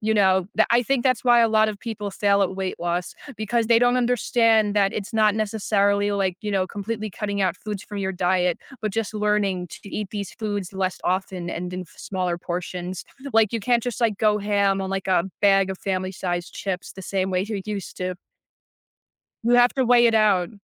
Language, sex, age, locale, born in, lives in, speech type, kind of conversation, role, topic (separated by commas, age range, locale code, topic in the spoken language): English, other, 20-24, en-US, United States, United States, conversation, podcast, guest, What are your favorite ways to eat healthier?